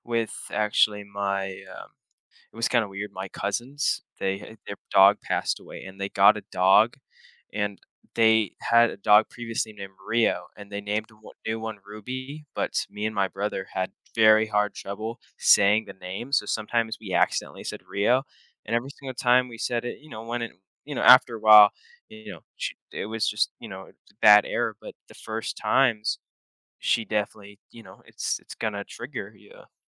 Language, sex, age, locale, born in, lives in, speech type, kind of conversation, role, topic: English, male, 18-19, en-US, United States, United States, conversation, podcast, guest, How does experiencing grief change the way we go about our everyday lives?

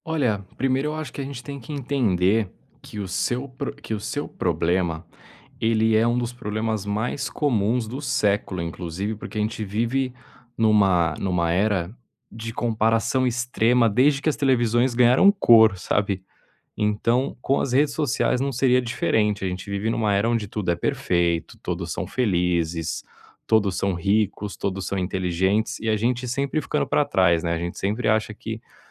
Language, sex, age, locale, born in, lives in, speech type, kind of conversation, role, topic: Portuguese, male, 30-34, pt-BR, Brazil, Spain, conversation, advice, advisor, Como a comparação com outros criadores nas redes sociais te desmotiva?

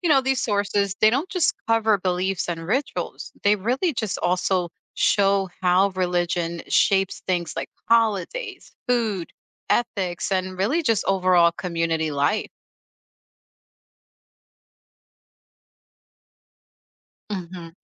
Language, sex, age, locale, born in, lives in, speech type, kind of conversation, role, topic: English, female, 35-39, en-US, United States, United States, read, expert-assistant, expert, What are trustworthy resources to learn about major religions?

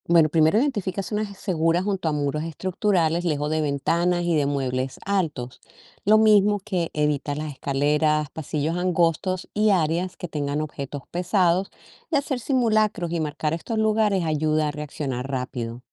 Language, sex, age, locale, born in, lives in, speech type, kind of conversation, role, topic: Spanish, female, 55-59, es-CO, Colombia, United States, read, expert-assistant, expert, ¿Cómo distinguir consejos confiables sobre sismos y evitar mitos peligrosos?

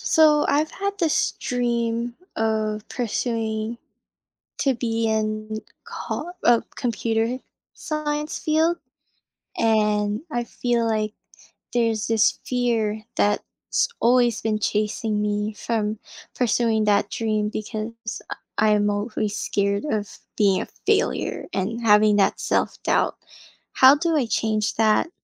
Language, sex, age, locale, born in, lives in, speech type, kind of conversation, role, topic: English, female, 20-24, en-US, Philippines, United States, conversation, advice, user, How can I overcome fear and start pursuing my dreams?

